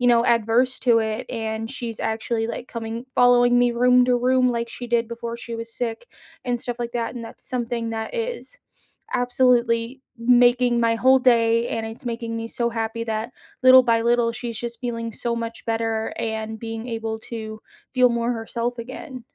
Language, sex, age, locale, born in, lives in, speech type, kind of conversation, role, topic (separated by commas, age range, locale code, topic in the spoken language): English, female, 30-34, en-US, United States, United States, conversation, unstructured, none, What was the last small joy that brightened your week?